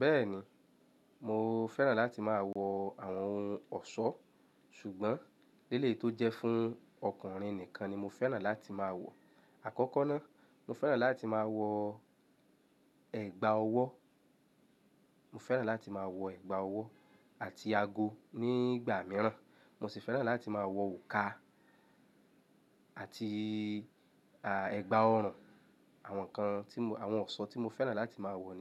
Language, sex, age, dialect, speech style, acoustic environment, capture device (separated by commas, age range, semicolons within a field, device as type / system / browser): Yoruba, male, 25-34, Nigeria - Lagos Yoruba, happy; relaxed, silence, mobile / Linux / Chrome